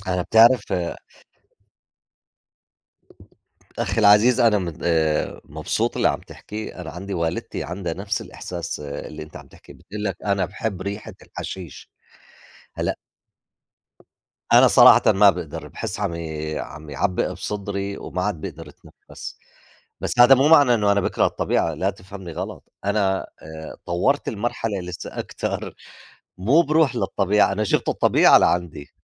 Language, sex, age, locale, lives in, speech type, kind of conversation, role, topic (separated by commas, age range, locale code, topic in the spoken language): Arabic, male, 50-54, ar-JO, United States, conversation, unstructured, none, إنت بتبقى حاسس بإيه لما بتقضي وقت في الطبيعة؟